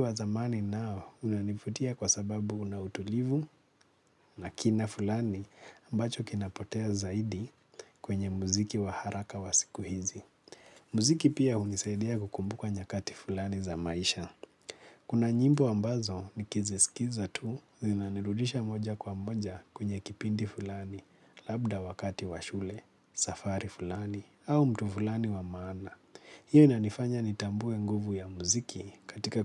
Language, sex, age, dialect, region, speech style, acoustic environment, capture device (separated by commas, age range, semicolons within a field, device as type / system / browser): Swahili, male, 25-34, Kenya - Nairobi Sheng-influenced, Kenya, relaxed, silence; nature; appliances, mobile / Linux / Chrome